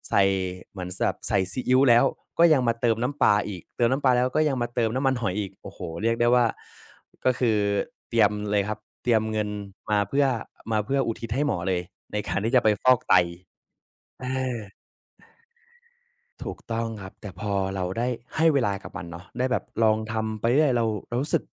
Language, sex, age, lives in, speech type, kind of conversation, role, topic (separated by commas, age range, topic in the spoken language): Thai, male, 20-24, Thailand, conversation, podcast, guest, มีคำแนะนำสำหรับคนที่กำลังจะเริ่มทำงานอดิเรกใหม่ไหม?